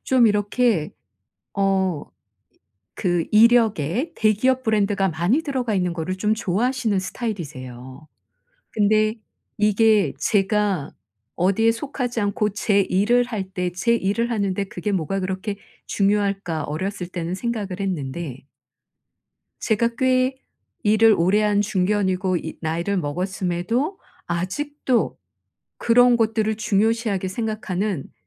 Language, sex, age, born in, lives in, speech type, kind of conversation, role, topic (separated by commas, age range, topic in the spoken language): Korean, female, 55-59, South Korea, South Korea, conversation, advice, user, 중요한 결정을 할 때 다른 사람의 조언과 내 직감 사이에서 어떻게 균형을 잡아야 할까요?